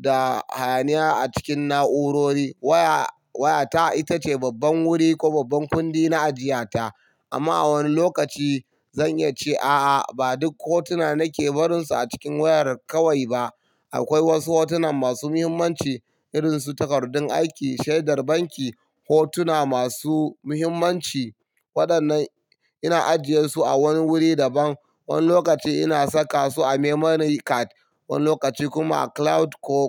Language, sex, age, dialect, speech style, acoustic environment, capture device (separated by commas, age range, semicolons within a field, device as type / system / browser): Hausa, male, 25-34, Nigeria - Kano, happy; relaxed; excited; focused, silence; nature; people talking, mobile / Linux / Chrome